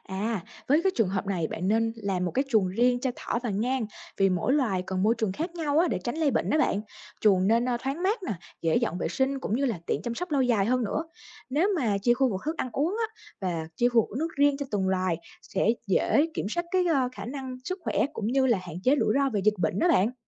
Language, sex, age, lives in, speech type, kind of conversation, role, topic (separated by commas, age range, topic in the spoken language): Vietnamese, female, 25-29, Vietnam, read, expert-assistant, expert, Nếu tôi muốn nuôi thêm một loại vật nuôi mới, tôi nên lên kế hoạch như thế nào để không ảnh hưởng đến đàn hiện tại?